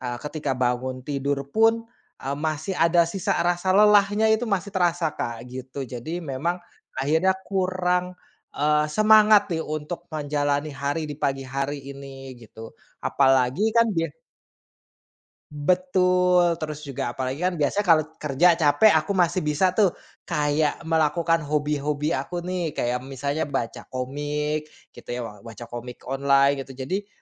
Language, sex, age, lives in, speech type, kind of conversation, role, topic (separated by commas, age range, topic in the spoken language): Indonesian, male, 30-34, Indonesia, conversation, advice, user, Bagaimana caranya agar saya punya cukup waktu untuk beristirahat atau menekuni hobi meski pekerjaan menyita banyak waktu?